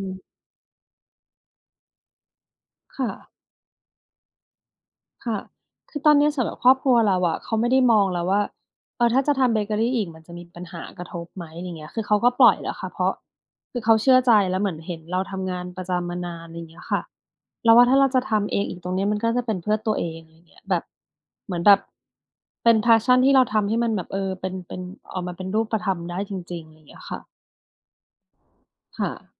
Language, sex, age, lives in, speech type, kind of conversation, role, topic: Thai, female, 40-44, Thailand, conversation, unstructured, none, คุณเคยรู้สึกว่าครอบครัวไม่สนับสนุนคุณไหม และคุณโกรธเพราะอะไร?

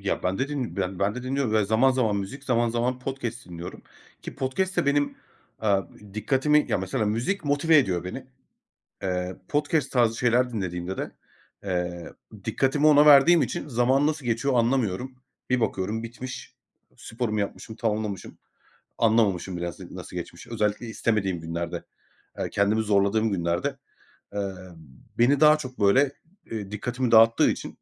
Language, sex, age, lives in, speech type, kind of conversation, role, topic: Turkish, male, 30-34, Greece, conversation, unstructured, none, Hareket etmeyi neden bazen bu kadar zor buluyoruz?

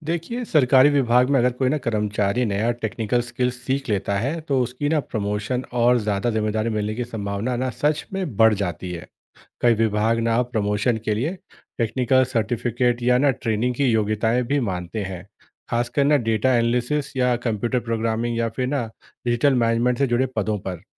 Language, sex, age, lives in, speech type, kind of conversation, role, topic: Hindi, male, 30-34, India, read, expert-assistant, expert, सरकारी और प्राइवेट सेक्टर में काम करने के क्या फायदे और चुनौतियाँ हैं?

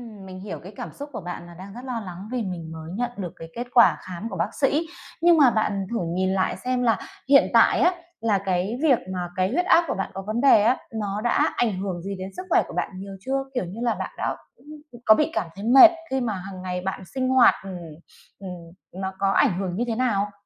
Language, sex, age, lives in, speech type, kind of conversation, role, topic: Vietnamese, female, 30-34, Vietnam, conversation, advice, advisor, Bạn vừa nhận chẩn đoán sức khỏe mới và đang lo lắng không biết tương lai sẽ ra sao phải không?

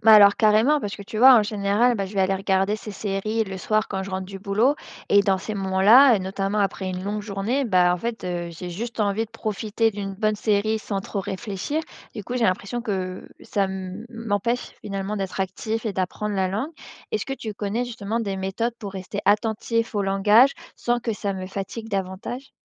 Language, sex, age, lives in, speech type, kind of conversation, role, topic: French, female, 25-29, France, read, expert-assistant, asker, Pourquoi certaines personnes semblent apprendre des langues plus vite que d’autres ?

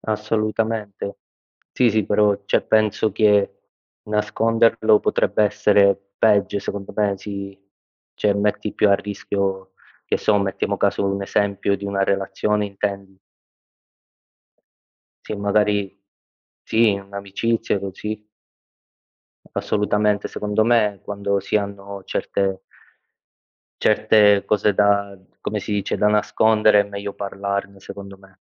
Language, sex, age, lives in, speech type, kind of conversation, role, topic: Italian, male, 25-29, Italy, conversation, unstructured, none, Hai mai nascosto parti di te per paura del giudizio?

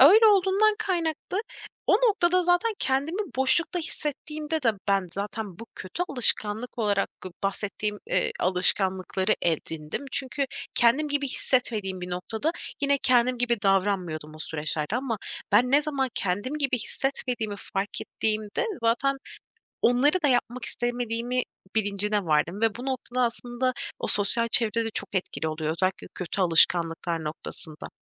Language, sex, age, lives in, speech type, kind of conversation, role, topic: Turkish, female, 25-29, Poland, conversation, podcast, guest, Kötü alışkanlıkları bırakırken hangi adımları izliyorsun?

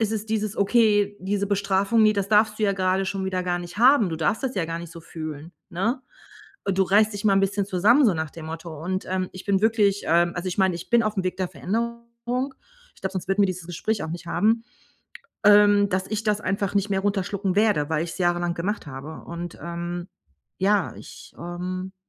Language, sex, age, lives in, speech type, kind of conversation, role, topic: German, female, 45-49, Germany, conversation, advice, user, Wie kann ich meine emotionalen Reaktionen kontrollieren und erkennen, wann ich mich verteidigen oder loslassen sollte?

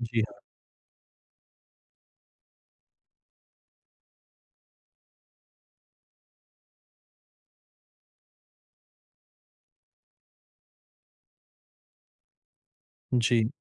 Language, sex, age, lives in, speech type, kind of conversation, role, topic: Hindi, male, 30-34, India, conversation, advice, user, मैं परिवार की उम्मीदों के बीच अपने रिश्तों में स्वस्थ सीमाएँ कैसे तय करूँ?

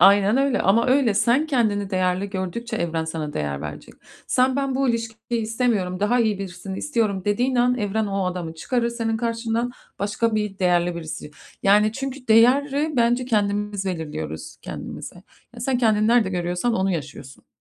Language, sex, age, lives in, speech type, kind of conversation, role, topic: Turkish, female, 35-39, United States, conversation, advice, advisor, Sosyal hayatımı geliştirmekte veya anlamlı ilişkiler kurmakta neden zorlanıyorum?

